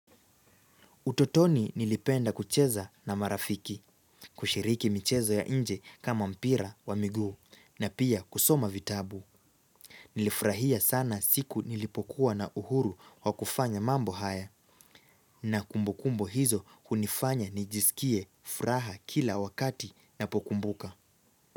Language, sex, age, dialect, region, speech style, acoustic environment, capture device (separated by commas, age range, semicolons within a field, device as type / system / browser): Swahili, male, 18-24, Kenya - Nairobi Sheng-influenced, Kenya, relaxed; focused; happy; excited, silence; nature, mobile / Linux / Chrome